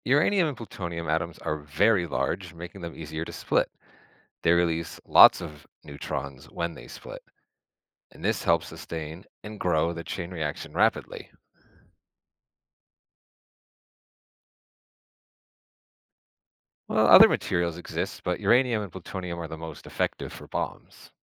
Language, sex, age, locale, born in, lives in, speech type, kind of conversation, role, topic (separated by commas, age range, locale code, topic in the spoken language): English, male, 35-39, en-US, United States, United States, read, expert-assistant, expert, How does a nuclear bomb release so much energy?